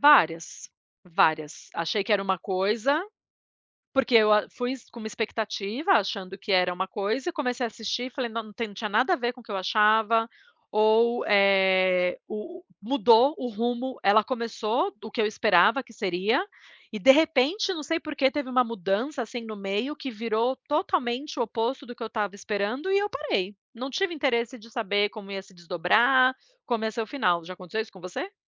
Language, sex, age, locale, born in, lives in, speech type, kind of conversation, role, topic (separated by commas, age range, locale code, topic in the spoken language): Portuguese, female, 40-44, pt-BR, Brazil, United States, conversation, unstructured, none, Por que as séries de TV são tão populares atualmente?